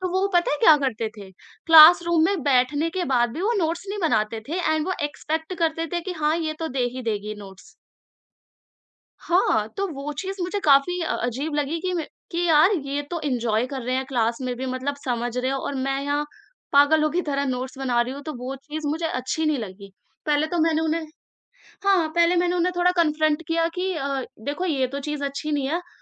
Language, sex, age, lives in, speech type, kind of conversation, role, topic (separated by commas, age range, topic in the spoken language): Hindi, female, 20-24, India, conversation, podcast, guest, Tumne kaise sikha ki 'no' bolna theek hai?